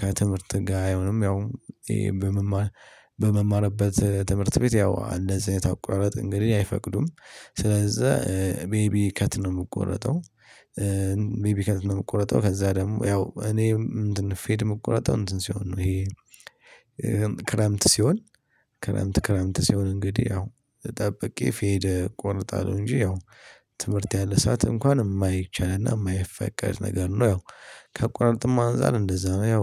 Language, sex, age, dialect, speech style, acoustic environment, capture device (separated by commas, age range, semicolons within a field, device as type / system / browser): Amharic, male, 18-24, Ethiopia - Addis Ababa, stressed; happy, people talking, mobile / Linux / Chrome